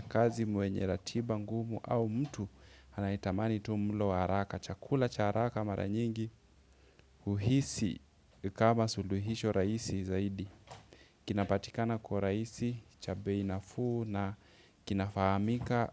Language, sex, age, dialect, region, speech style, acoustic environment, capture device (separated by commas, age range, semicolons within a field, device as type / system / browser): Swahili, male, 25-34, Kenya - Mombasa, Kenya, focused, nature, desktop / Windows / Chrome